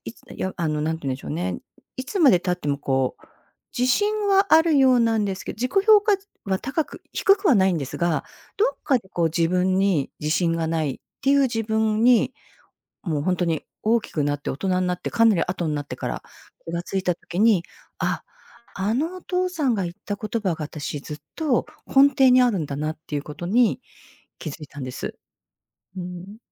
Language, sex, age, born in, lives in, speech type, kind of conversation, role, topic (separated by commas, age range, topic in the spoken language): Japanese, female, 55-59, Japan, United States, conversation, podcast, guest, 親御さんとの思い出で、いちばん心に残っている出来事は何ですか？